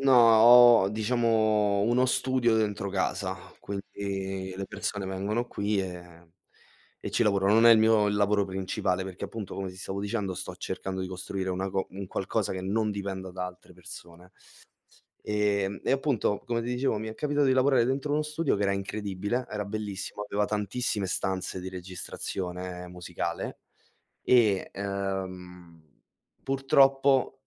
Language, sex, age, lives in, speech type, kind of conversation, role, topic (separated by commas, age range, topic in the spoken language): Italian, male, 25-29, Italy, conversation, unstructured, none, Che cosa ti fa arrabbiare di più durante una trattativa economica?